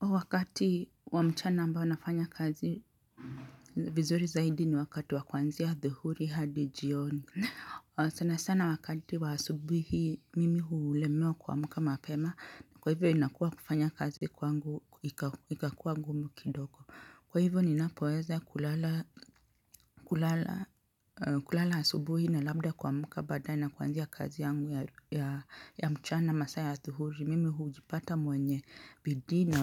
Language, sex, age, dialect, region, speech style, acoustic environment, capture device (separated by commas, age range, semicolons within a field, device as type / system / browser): Swahili, male, 35-44, Kenya - Nairobi Sheng-influenced, Kenya, relaxed, appliances, mobile / Linux / Chrome